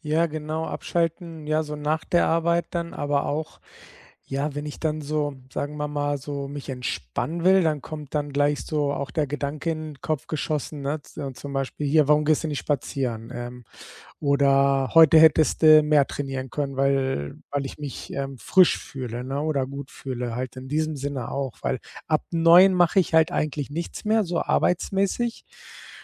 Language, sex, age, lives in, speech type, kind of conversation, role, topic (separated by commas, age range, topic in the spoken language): German, male, 40-44, Spain, conversation, advice, user, Wie bleibe ich motiviert, ohne auszubrennen oder zu hart mit mir zu sein?